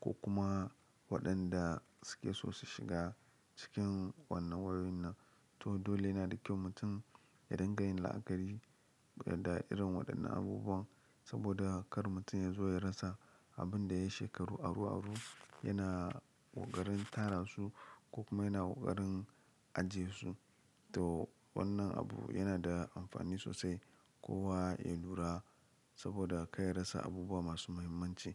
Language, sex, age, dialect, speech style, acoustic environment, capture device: Hausa, male, 25-34, Nigeria - Lagos Yoruba, happy; focused; excited, nature; silence, mobile / Linux / Chrome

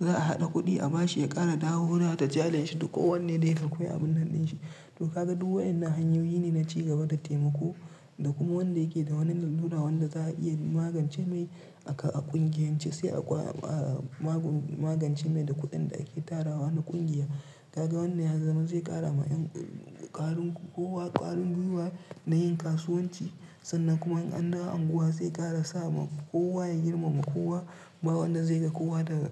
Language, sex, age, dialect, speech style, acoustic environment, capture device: Hausa, male, 25-34, Nigeria - Kano, relaxed; tired; stressed; focused, nature; silence, mobile / Linux / Chrome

